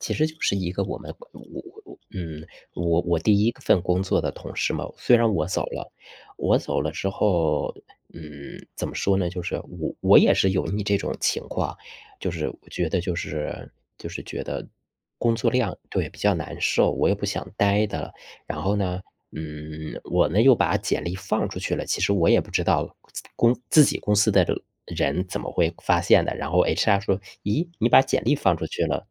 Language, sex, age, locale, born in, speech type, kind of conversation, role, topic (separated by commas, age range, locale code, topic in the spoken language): Chinese, male, 35-39, zh-CN, China, conversation, unstructured, none, 如果工资和工作量不匹配，你会选择忍受吗？